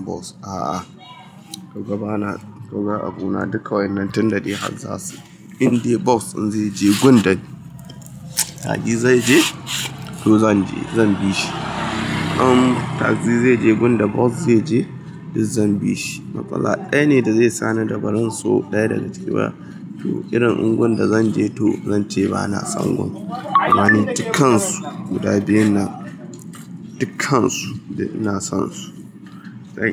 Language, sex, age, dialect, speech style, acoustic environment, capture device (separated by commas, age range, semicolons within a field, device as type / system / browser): Hausa, male, 25-34, Nigeria - Kano, excited; happy, appliances; people talking, mobile / Linux / Chrome